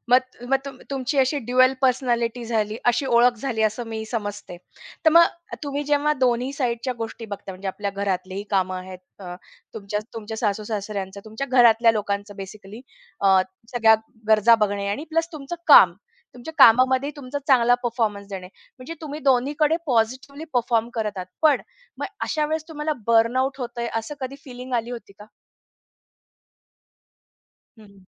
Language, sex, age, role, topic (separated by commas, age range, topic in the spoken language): Marathi, female, 35-39, host, तुमची ओळख कामावरच आधारित ठेवावी की कामापासून वेगळी ठेवावी?